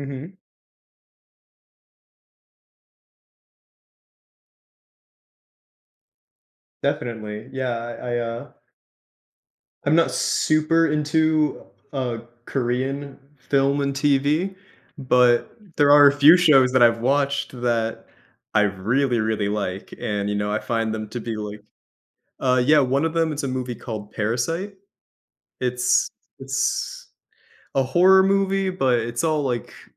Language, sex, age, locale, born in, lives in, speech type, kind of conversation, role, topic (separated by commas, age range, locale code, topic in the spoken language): English, male, 25-29, en-US, United States, United States, conversation, podcast, host, How does greater diversity in entertainment shape the stories we see and the way we relate to them?